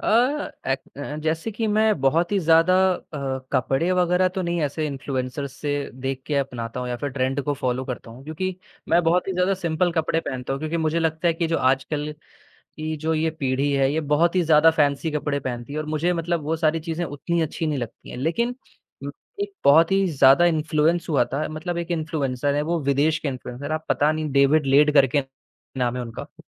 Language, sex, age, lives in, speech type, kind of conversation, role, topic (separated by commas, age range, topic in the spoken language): Hindi, male, 18-19, India, conversation, podcast, guest, सोशल मीडिया आपके पहनावे और स्टाइल को कैसे बदलता है?